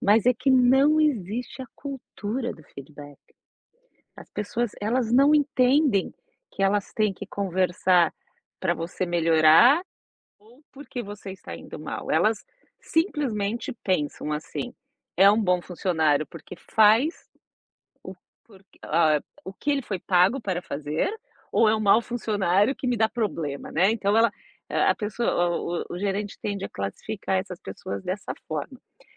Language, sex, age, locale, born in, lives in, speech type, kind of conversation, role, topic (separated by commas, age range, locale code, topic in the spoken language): Portuguese, female, 45-49, pt-BR, Brazil, United States, conversation, advice, advisor, Como posso manter a motivação sem receber feedback ou reconhecimento?